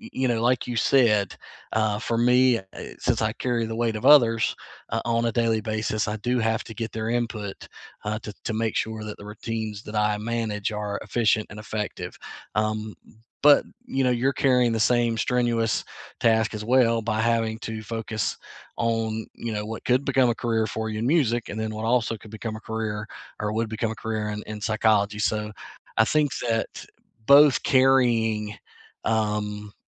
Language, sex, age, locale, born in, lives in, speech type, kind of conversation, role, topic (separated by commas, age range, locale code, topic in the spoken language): English, male, 40-44, en-US, United States, United States, conversation, unstructured, none, What little daily routines make your life feel manageable?